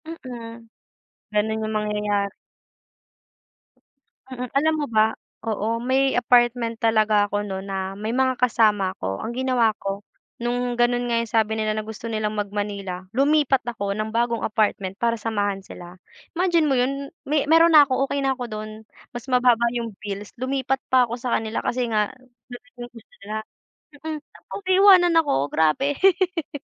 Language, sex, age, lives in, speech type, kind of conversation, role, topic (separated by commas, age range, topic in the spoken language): Filipino, female, 20-24, Philippines, conversation, unstructured, none, Ano ang ginagawa mo kapag naiinis ka sa tuwing naaalala mo ang isang tao?